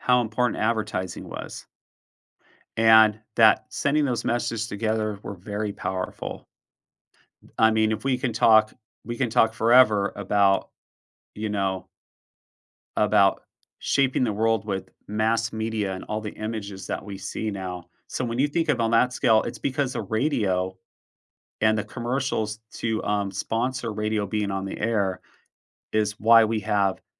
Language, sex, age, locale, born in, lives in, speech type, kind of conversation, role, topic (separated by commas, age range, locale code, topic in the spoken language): English, male, 45-49, en-US, United States, United States, conversation, podcast, guest, How have major inventions shaped the way we live today?